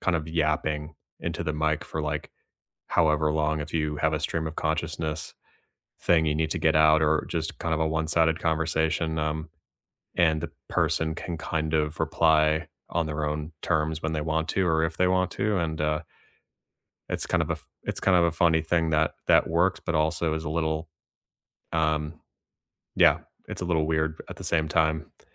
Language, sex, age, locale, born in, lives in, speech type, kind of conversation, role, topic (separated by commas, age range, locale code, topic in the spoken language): English, male, 30-34, en-US, United States, United States, conversation, unstructured, none, How have your phone habits evolved from your first device to today, and why?